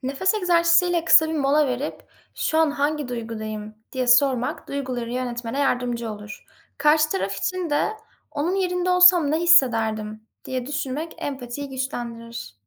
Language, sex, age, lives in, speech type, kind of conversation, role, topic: Turkish, female, 20-24, Netherlands, read, expert-assistant, expert, Tartışma anında duyguları ve iletişimi sağlıklı yönetmek mümkün mü?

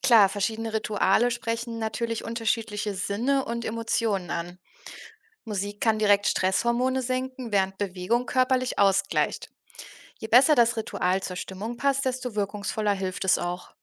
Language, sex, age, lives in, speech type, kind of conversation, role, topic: German, female, 30-34, Germany, read, expert-assistant, expert, Wie kann ich mir selbst helfen, beim Einkaufen weniger Impulskäufe zu machen?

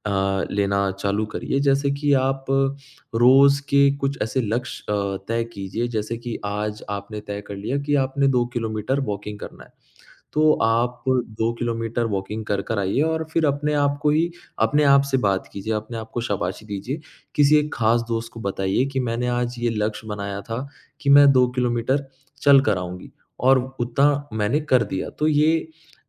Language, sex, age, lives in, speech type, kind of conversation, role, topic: Hindi, male, 25-29, India, conversation, advice, advisor, खुद को बार-बार छोटा समझने और नकारात्मक सोच से आप कैसे निपटते हैं?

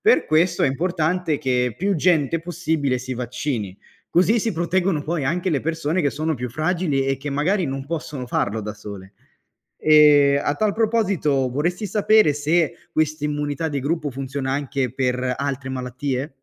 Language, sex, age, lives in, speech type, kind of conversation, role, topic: Italian, male, 25-29, Italy, read, expert-assistant, expert, Come funzionano i vaccini e perché sono utili?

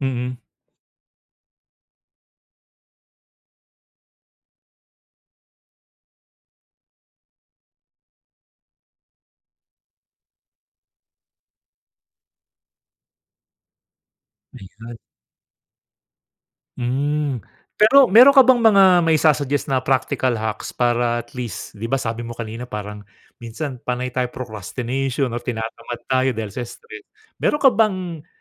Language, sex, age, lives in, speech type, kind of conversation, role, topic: Filipino, male, 45-49, Philippines, conversation, podcast, host, Paano mo sinisimulan ang umaga para maging mas malusog ang araw mo?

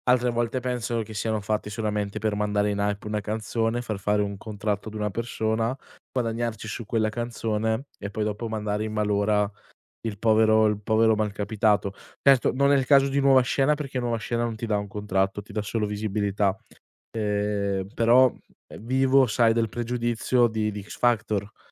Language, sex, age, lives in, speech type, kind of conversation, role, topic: Italian, male, 20-24, Italy, conversation, podcast, guest, Quale brano ti fa sentire meno solo?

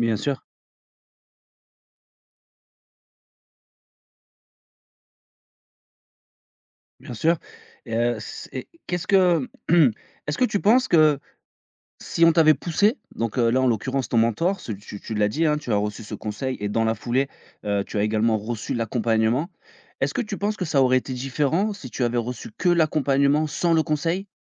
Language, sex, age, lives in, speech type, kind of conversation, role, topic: French, male, 35-39, France, conversation, podcast, host, Peux-tu décrire un conseil qui a changé ta trajectoire professionnelle ?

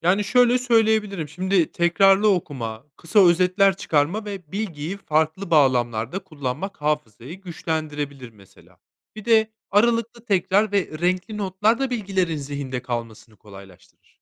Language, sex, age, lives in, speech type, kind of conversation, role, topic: Turkish, male, 30-34, Spain, read, expert-assistant, expert, Unutkanlık ve dijital dikkat dağınıklığı hafızamızı nasıl etkiler?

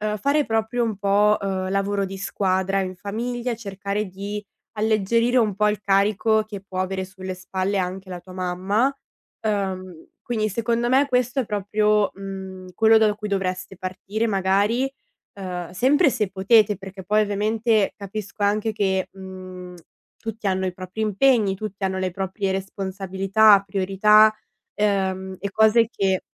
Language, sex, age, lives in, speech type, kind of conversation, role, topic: Italian, female, 20-24, Italy, conversation, advice, advisor, Che cosa significa per te assumerti la responsabilità di prenderti cura di un genitore anziano?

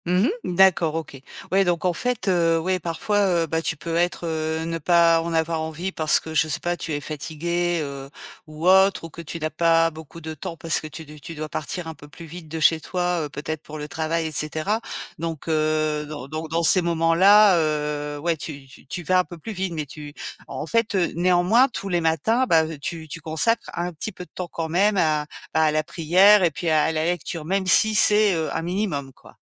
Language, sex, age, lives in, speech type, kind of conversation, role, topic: French, female, 50-54, France, conversation, podcast, host, Comment faire pour avoir plus d’énergie le matin ?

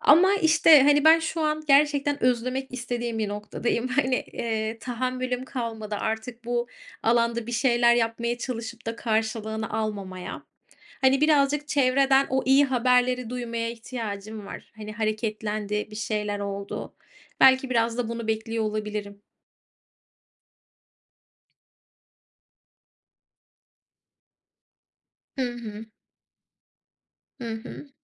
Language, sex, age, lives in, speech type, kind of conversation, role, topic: Turkish, female, 35-39, United States, conversation, unstructured, none, Zor zamanlarda motivasyonunu nasıl koruyorsun?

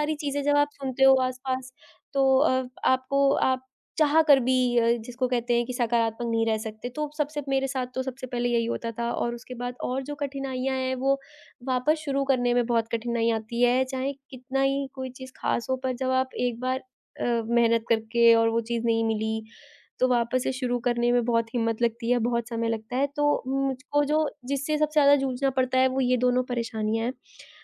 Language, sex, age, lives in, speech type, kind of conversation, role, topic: Hindi, female, 20-24, India, conversation, podcast, guest, कैसे तय करते हो कब बदलना है और कब स्वीकार करना है?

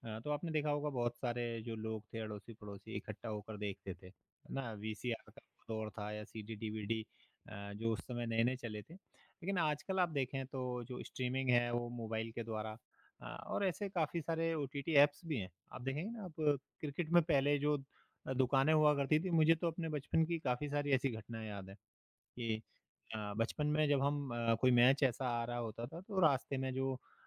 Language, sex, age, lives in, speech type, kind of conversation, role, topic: Hindi, male, 35-39, India, conversation, podcast, guest, स्ट्रीमिंग ने मनोरंजन की प्राथमिकता कैसे बदल दी है?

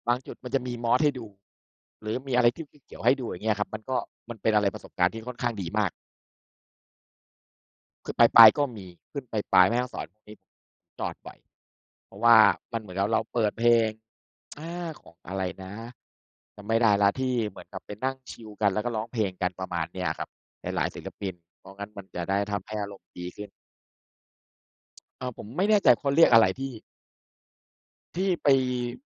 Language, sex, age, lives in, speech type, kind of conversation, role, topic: Thai, male, 40-44, Thailand, conversation, unstructured, none, คุณชอบฟังเพลงหรือเล่นดนตรีมากกว่ากัน?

